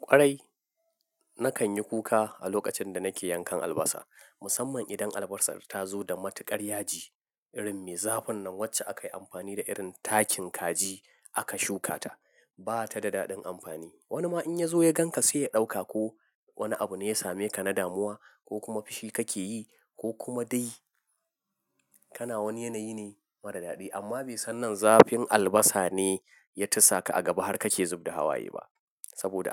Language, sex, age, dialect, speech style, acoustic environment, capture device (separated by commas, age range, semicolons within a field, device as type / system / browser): Hausa, male, 25-34, Nigeria - Nigerian Standard, focused, silence, mobile / Linux / Chrome